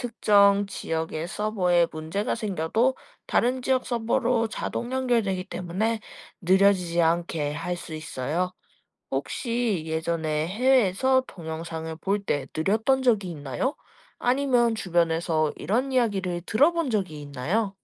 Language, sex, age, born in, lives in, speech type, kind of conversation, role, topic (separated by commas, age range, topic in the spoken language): Korean, female, 20-24, South Korea, Japan, read, expert-assistant, expert, 온라인 동영상은 어떻게 빠르게 재생되나요?